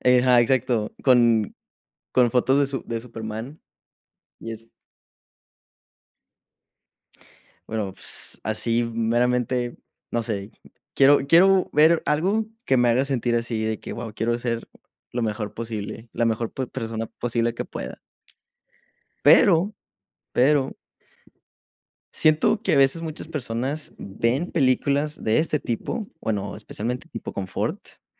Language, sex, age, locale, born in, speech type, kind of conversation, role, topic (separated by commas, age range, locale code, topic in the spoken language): Spanish, male, 20-24, es-MX, Mexico, conversation, unstructured, none, ¿Qué película recomendarías para levantar el ánimo?